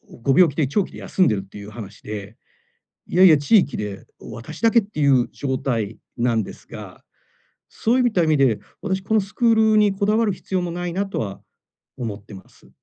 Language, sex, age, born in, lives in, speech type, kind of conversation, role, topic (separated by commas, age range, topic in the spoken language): Japanese, male, 45-49, Japan, Japan, conversation, advice, user, 休息を優先しながら、やんわり断るにはどうすればよいですか？